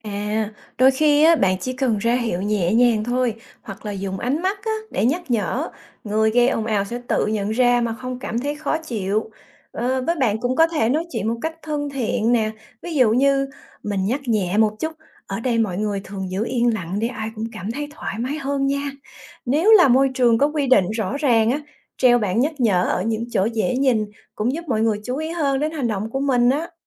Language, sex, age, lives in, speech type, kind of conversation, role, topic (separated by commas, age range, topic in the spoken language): Vietnamese, female, 45-49, United States, read, expert-assistant, expert, Ý nghĩa của việc giữ gìn trật tự nơi công cộng